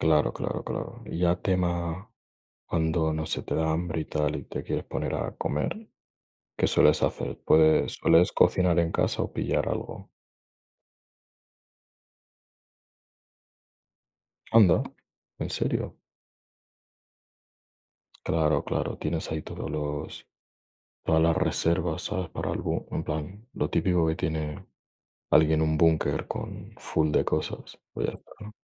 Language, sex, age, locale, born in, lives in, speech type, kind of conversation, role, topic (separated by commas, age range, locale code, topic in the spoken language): Spanish, male, 20-24, es-ES, Spain, Spain, conversation, unstructured, none, ¿Qué prefieres en un día lluvioso: cocinar en casa o pedir comida a domicilio?